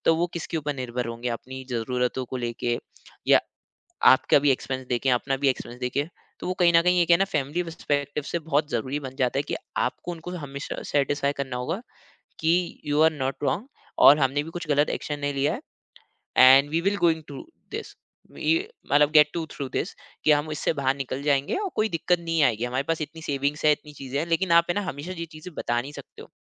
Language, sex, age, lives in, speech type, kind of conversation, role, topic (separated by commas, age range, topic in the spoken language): Hindi, male, 25-29, India, conversation, podcast, guest, Career pivot karne ka decision tumne kab aur kaise liya?